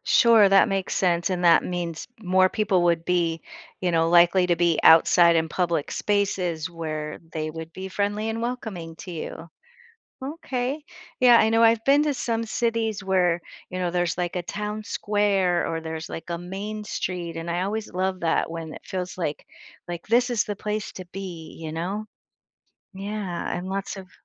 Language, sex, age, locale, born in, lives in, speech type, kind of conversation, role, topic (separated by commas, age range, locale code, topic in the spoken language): English, female, 55-59, en-US, United States, United States, conversation, podcast, host, How do cities and towns create a sense of belonging for everyone who visits or lives there?